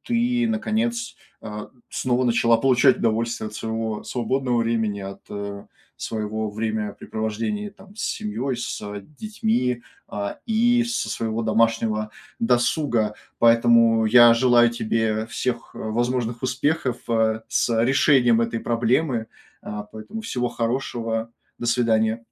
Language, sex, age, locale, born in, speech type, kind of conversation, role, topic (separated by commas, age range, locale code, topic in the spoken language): Russian, male, 25-29, ru-RU, Russia, conversation, advice, advisor, Почему мне трудно расслабиться дома и полноценно отдохнуть, даже когда у меня есть свободное время?